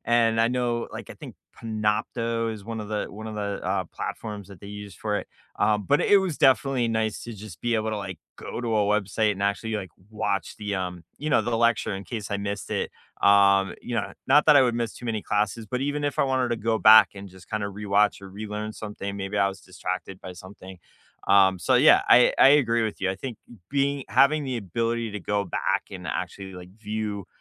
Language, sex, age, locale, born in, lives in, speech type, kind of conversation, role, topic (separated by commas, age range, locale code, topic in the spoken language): English, male, 40-44, en-US, United States, United States, conversation, unstructured, none, Which classroom technologies truly helped your learning, which ones distracted you, and how did you cope?